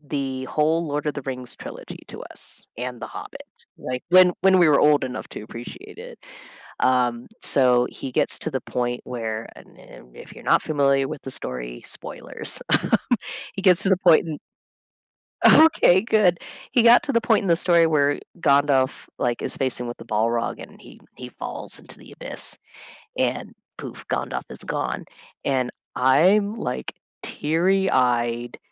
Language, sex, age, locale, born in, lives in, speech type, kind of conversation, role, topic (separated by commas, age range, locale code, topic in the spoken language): English, female, 35-39, en-US, United States, United States, conversation, unstructured, none, How do you balance fairness and kindness in everyday decisions?